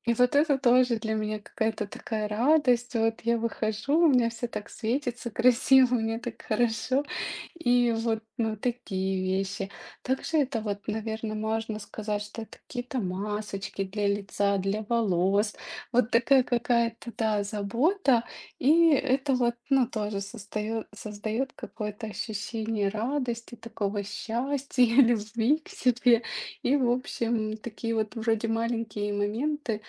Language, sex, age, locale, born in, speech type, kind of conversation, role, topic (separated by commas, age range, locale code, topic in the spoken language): Russian, female, 35-39, ru-RU, Ukraine, conversation, unstructured, none, Как ты создаёшь для себя радостные моменты?